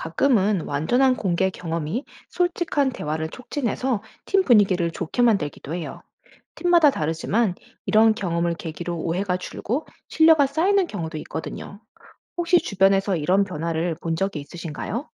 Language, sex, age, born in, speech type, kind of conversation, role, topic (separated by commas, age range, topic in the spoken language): Korean, female, 40-44, United States, read, expert-assistant, expert, 만약 모든 사람이 타인의 생각을 5분 동안만 읽을 수 있다면, 사회적 관계와 신뢰는 어떻게 변할까요?